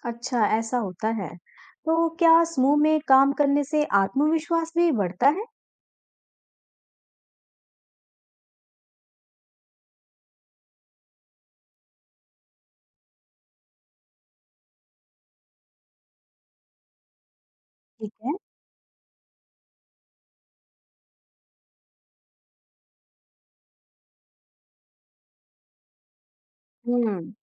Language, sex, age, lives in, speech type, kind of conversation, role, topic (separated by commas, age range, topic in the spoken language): Hindi, female, 35-39, India, read, expert-assistant, asker, समूह में काम करने के लाभ क्या हैं?